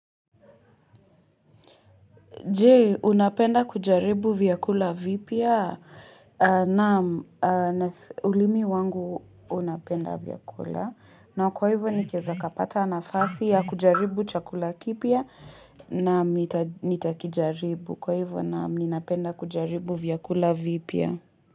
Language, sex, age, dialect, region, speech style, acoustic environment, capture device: Swahili, female, 18-24, Kenya - Nairobi Sheng-influenced, Kenya, relaxed, appliances, mobile / Linux / Chrome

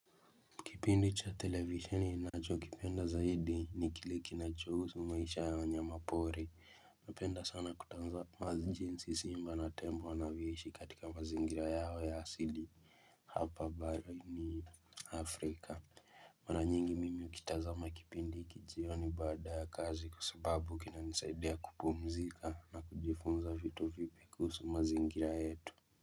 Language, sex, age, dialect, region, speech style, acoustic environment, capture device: Swahili, male, 18-24, Kenya - Mombasa, Kenya, focused, silence, mobile / Linux / Chrome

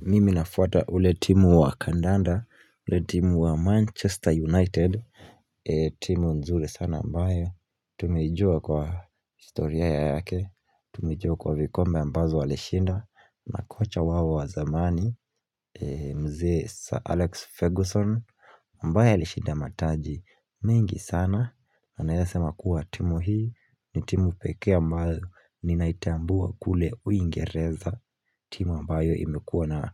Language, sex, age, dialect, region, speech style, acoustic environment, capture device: Swahili, male, 18-24, Kenya - Mombasa, Kenya, focused, silence, mobile / Linux / Chrome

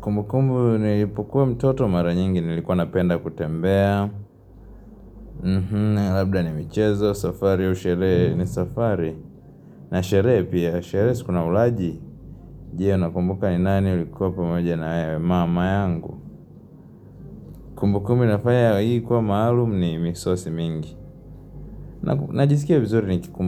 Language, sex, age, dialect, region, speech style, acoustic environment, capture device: Swahili, male, 18-24, Tanzania - Dar es Salaam, Tanzania, tired, music, mobile / Linux / Chrome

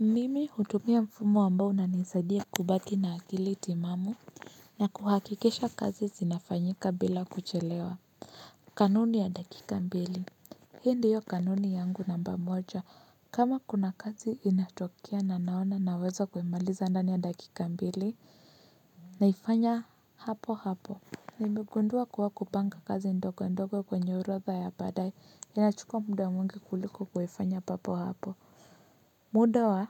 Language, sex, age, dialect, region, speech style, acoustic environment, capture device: Swahili, female, 25-34, Kenya - Nairobi Sheng-influenced, Kenya, relaxed, music, mobile / Linux / Chrome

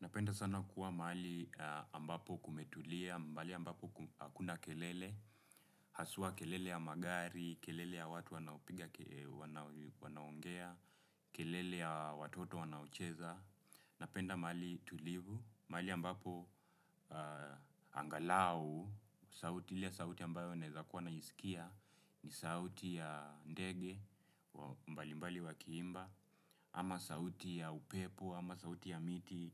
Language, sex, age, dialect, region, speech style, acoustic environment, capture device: Swahili, male, 25-34, Kenya - Nairobi Sheng-influenced, Kenya, relaxed, silence; nature; appliances, desktop / Windows / Chrome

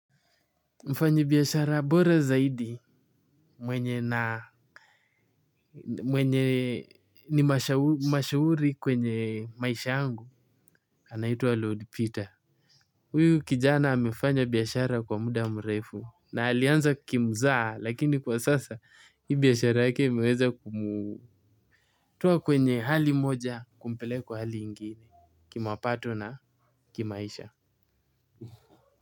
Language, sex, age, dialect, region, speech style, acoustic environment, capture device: Swahili, male, 18-24, Kenya - Mombasa, Kenya, happy; focused; anxious, people talking; appliances, mobile / Linux / Chrome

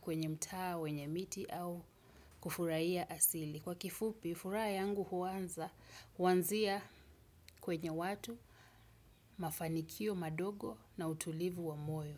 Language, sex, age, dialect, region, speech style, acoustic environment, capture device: Swahili, female, 35-44, Kenya - Mombasa, Kenya, happy, nature, desktop / Windows / Chrome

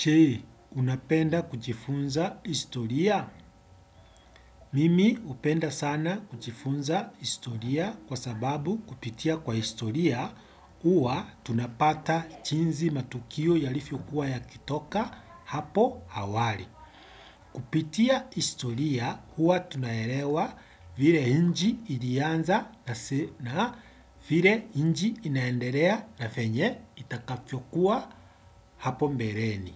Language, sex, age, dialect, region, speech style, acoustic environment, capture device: Swahili, male, 25-34, Kenya - Nairobi Sheng-influenced, Kenya, happy, silence, desktop / Windows / Edge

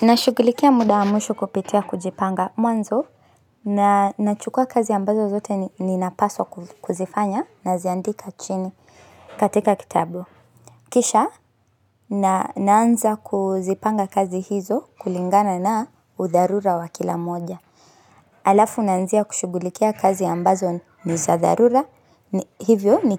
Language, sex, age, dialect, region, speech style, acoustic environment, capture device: Swahili, female, 25-34, Kenya - Nairobi Sheng-influenced, Kenya, anxious; happy; focused, people talking; nature, mobile / Linux / Chrome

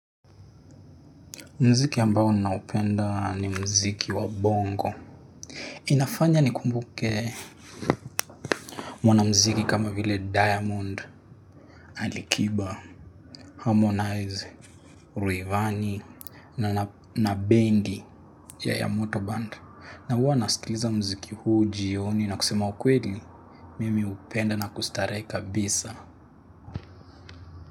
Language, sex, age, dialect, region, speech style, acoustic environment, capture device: Swahili, male, 18-24, Kenya - Nairobi Sheng-influenced, Kenya, happy, silence, mobile / Linux / Chrome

